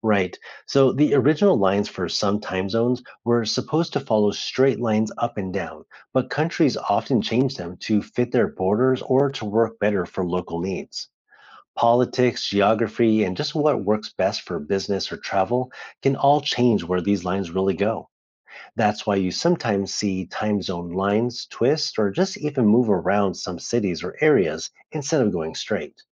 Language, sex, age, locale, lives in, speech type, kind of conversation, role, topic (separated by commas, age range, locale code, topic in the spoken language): English, male, 35-39, en-US, United States, read, expert-assistant, expert, Why does the world have different time zones?